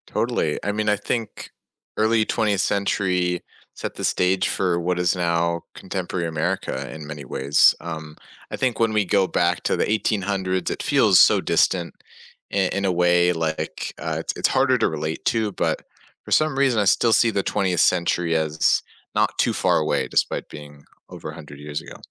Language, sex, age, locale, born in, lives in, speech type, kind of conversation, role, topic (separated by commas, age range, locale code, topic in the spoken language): English, male, 20-24, en-US, United States, United States, conversation, unstructured, none, What do you think life was like 100 years ago?